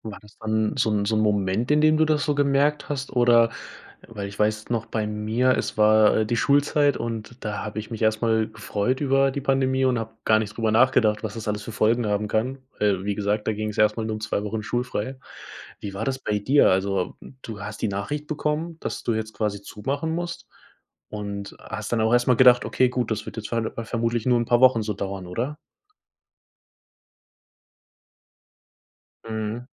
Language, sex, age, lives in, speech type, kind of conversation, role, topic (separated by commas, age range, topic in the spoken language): German, male, 20-24, Germany, conversation, podcast, host, Wann hast du dich zuletzt neu erfunden, und wie ist das abgelaufen?